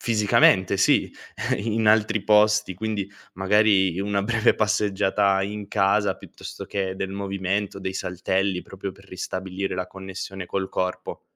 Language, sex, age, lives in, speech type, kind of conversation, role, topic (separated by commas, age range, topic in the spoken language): Italian, male, 25-29, Italy, conversation, advice, user, Come posso gestire le distrazioni dai social network e dalle e-mail per concentrarmi davvero sul lavoro?